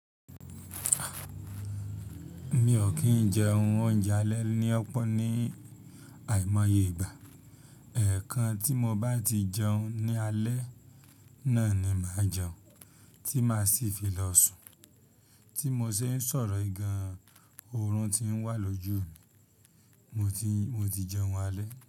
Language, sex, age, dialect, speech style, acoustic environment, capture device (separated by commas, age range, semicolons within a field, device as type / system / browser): Yoruba, male, 25-34, Nigeria - Ibadan, happy; anxious; nervous; tired, people talking; construction; traffic; silence; nature; appliances; music, mobile / Linux / Chrome